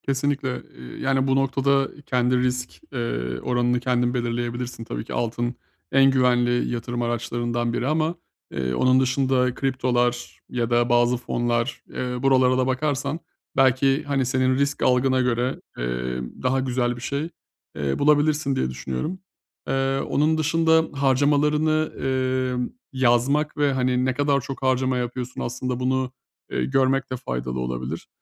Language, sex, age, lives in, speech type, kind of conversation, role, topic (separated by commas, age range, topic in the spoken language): Turkish, male, 30-34, Bulgaria, conversation, advice, advisor, Bütçe önceliklerimi nasıl koruyabilir ve aşırı harcamadan nasıl kaçınabilirim?